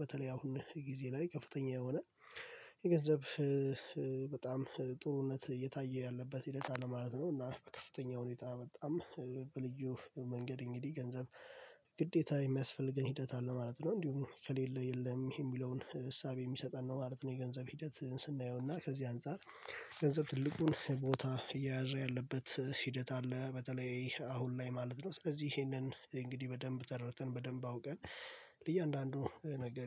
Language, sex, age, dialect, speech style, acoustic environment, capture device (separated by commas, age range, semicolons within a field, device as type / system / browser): Amharic, male, 18-24, Ethiopia - Addis Ababa, focused, silence, mobile / Linux / Chrome